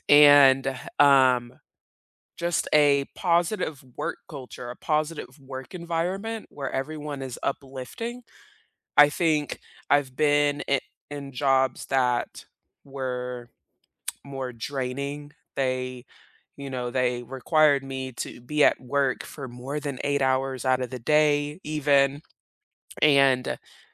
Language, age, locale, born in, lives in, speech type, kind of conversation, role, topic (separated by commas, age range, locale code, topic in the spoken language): English, 30-34, en-US, South Korea, United States, conversation, unstructured, none, Beyond salary, which benefits truly matter to you, and what experiences shaped those priorities?